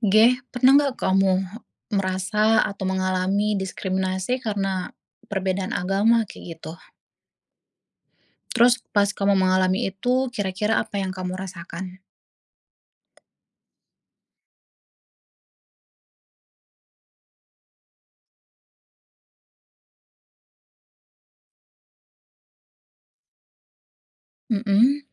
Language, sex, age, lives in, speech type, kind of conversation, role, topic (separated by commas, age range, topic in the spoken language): Indonesian, female, 25-29, Indonesia, conversation, unstructured, none, Apa yang kamu rasakan ketika mengalami diskriminasi berdasarkan agama?